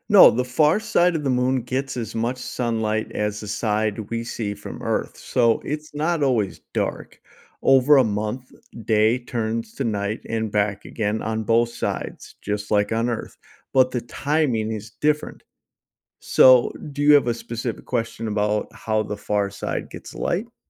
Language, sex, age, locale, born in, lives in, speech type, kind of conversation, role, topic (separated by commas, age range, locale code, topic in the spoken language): English, male, 40-44, en-US, United States, United States, read, expert-assistant, expert, Why does the Moon appear to change shape and what causes its phases?